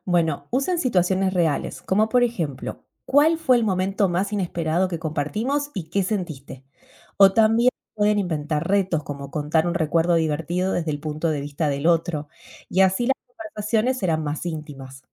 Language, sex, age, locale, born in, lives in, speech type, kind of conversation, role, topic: Spanish, female, 35-39, es-AR, Argentina, United States, read, expert-assistant, expert, ¿De qué formas creativas se puede mantener una comunicación abierta y honesta en relaciones a distancia?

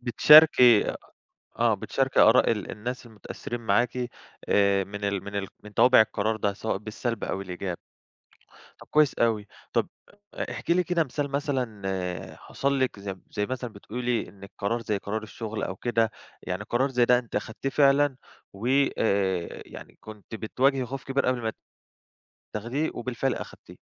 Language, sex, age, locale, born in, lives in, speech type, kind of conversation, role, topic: Arabic, male, 25-29, ar-EG, Egypt, Greece, conversation, podcast, host, إزاي بتتعامل مع الخوف قبل ما تاخد قرار كبير؟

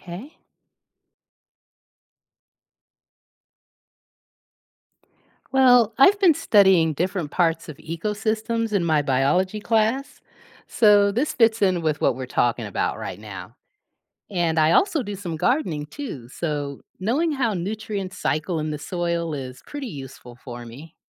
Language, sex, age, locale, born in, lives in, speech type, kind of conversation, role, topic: English, female, 50-54, en-US, United States, United States, read, expert-assistant, asker, What is the role of decomposers in an ecosystem?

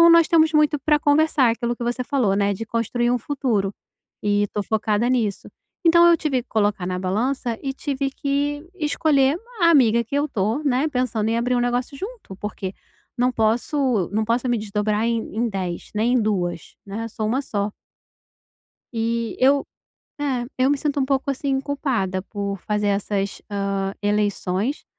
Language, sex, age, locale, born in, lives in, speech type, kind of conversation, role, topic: Portuguese, female, 35-39, pt-BR, Brazil, Portugal, conversation, advice, user, Como posso recusar convites sem prejudicar amizades importantes?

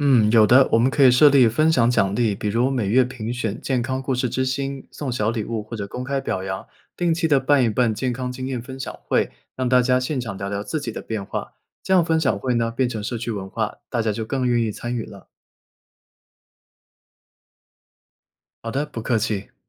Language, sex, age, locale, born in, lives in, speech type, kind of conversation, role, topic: Chinese, male, 25-29, zh-CN, China, United States, read, expert-assistant, expert, 如果想要让我们的社区变得更健康，应该先从什么事情做起？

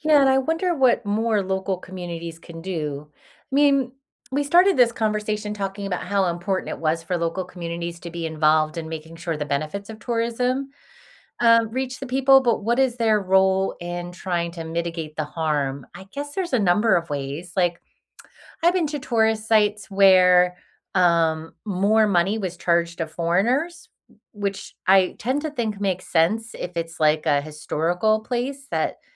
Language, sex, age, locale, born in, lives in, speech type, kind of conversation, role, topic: English, female, 45-49, en-US, United States, United States, conversation, unstructured, none, Do you think tourism helps or harms local communities?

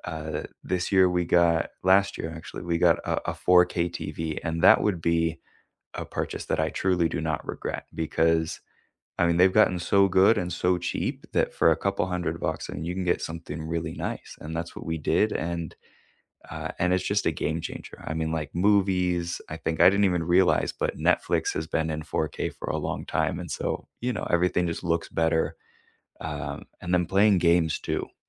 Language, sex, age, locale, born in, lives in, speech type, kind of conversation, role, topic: English, male, 25-29, en-US, United States, United States, conversation, unstructured, none, What was the last tech purchase you truly don't regret?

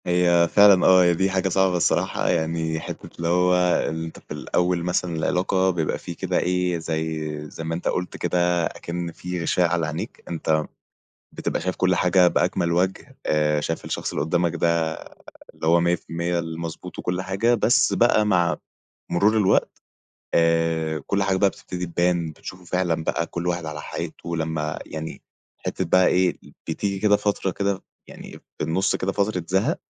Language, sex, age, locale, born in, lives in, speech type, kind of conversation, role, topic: Arabic, male, 20-24, ar-EG, Egypt, Egypt, conversation, podcast, guest, إيه المعايير اللي بتمشي عليها وإنت بتختار شريك حياتك؟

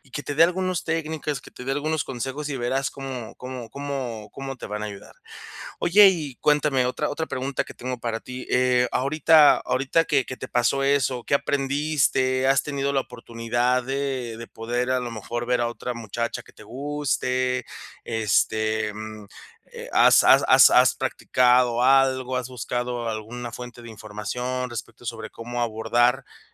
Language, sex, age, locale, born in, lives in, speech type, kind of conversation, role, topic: Spanish, male, 30-34, es-MX, Mexico, Mexico, conversation, advice, advisor, ¿Cómo puedo equilibrar los consejos de otras personas con mi propio criterio al tomar decisiones importantes?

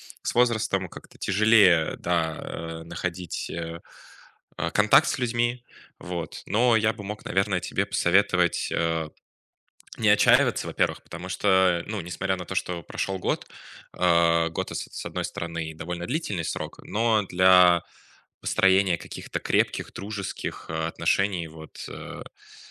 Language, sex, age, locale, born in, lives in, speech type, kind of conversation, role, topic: Russian, male, 20-24, ru-RU, Russia, Germany, conversation, advice, advisor, Как перестать чувствовать одиночество среди знакомых и вернуть близость в общении?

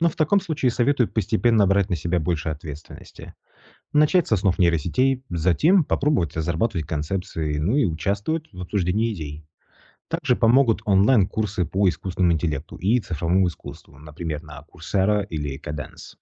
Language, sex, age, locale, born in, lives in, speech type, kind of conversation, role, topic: Russian, male, 35-39, ru-RU, Russia, Italy, read, expert-assistant, expert, Какие выставки или онлайн-галереи по цифровому искусству стоит посетить, чтобы вдохновиться?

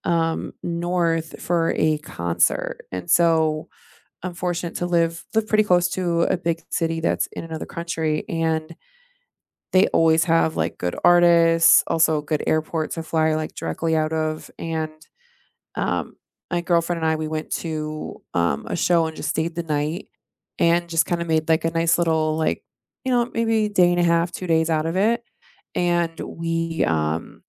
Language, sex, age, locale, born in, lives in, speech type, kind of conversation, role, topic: English, female, 35-39, en-US, United States, United States, conversation, unstructured, none, What’s the best nearby weekend road trip you’ve actually taken, and what made it unforgettable?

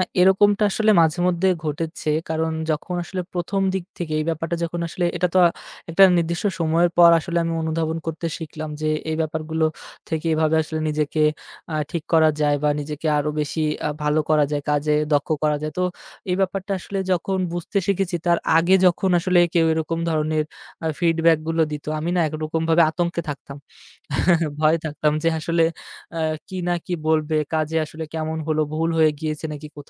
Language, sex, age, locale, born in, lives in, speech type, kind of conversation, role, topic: Bengali, male, 25-29, bn-BD, Bangladesh, Bangladesh, conversation, podcast, guest, ফিডব্যাক পেলে আপনি কীভাবে তা কাজে লাগান?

